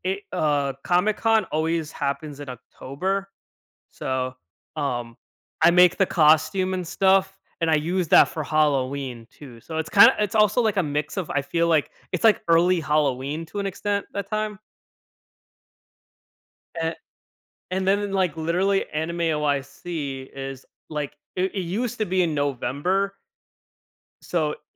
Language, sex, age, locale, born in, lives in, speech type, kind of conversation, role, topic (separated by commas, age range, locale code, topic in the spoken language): English, male, 25-29, en-US, United States, United States, conversation, podcast, guest, Why do shared traditions play such an important role in connecting people?